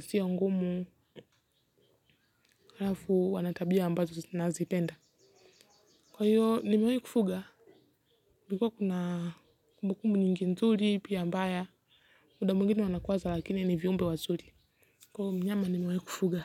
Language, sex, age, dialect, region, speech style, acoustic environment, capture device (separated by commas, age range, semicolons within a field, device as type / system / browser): Swahili, female, 18-24, Kenya - Nairobi Sheng-influenced, Kenya, relaxed; focused, nature; silence, mobile / Linux / Chrome